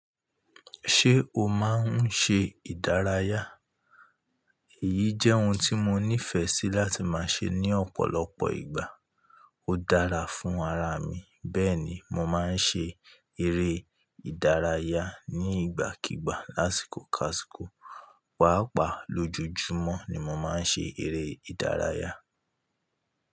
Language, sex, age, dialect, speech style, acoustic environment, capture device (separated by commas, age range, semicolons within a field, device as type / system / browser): Yoruba, male, 25-34, Nigeria - Ibadan, happy; anxious; relaxed; tired; stressed, nature; appliances, mobile / Linux / Chrome